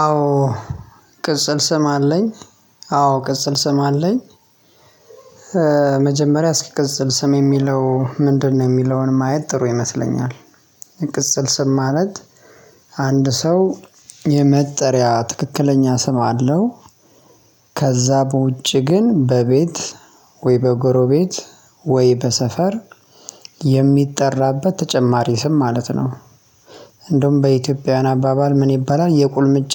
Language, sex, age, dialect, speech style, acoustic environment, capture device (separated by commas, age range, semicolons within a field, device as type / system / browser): Amharic, male, 25-34, Ethiopia - Addis Ababa, relaxed, silence, mobile / Linux / Chrome